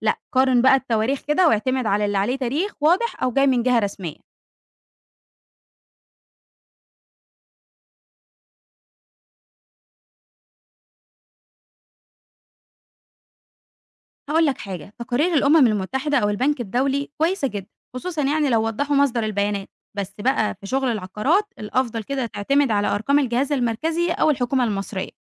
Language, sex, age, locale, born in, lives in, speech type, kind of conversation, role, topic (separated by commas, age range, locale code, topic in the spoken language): Arabic, female, 20-24, ar-EG, Egypt, Egypt, read, expert-assistant, expert, إزاي نقدر نتحقق من صحة المعلومات اللي بنسمعها عن توسع القاهرة العمراني؟ يعني لما حد يقول إنها أكبر مدينة في إفريقيا أو إن الزحمة فيها زادت أضعاف، نعرف منين إن الكلام ده دقيق؟